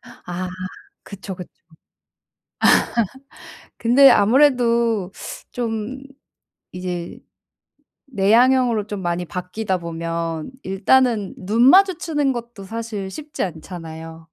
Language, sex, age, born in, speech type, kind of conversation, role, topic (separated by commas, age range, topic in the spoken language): Korean, female, 30-34, South Korea, conversation, advice, advisor, 새로운 도시에서 어떻게 하면 빨리 집처럼 편안하게 느낄 수 있을까요?